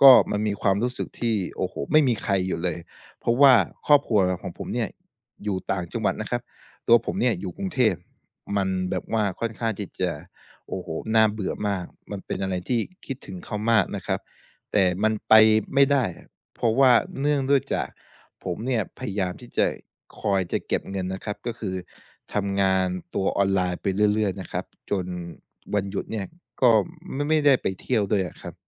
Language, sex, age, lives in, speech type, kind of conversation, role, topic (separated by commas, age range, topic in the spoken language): Thai, male, 25-29, Thailand, conversation, advice, user, วันหยุดแล้วรู้สึกโดดเดี่ยวบ่อย ๆ ควรทำอย่างไรดี?